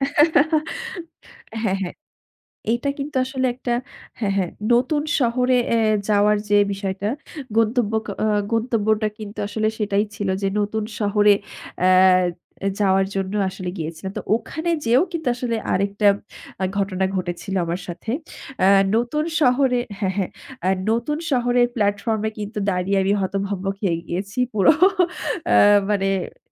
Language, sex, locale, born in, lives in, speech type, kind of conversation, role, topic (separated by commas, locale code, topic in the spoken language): Bengali, female, bn-BD, Bangladesh, Bangladesh, conversation, podcast, guest, কখনও কি কারও সাহায্যে রাস্তা খুঁজে পেয়ে আপনি অবাক হয়েছেন?